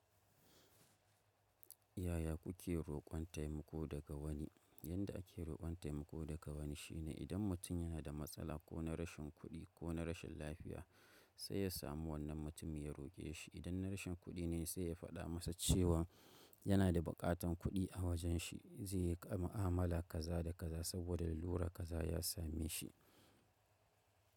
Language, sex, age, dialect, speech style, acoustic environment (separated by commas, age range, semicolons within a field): Hausa, male, 25-34, Nigeria - Kano, focused, nature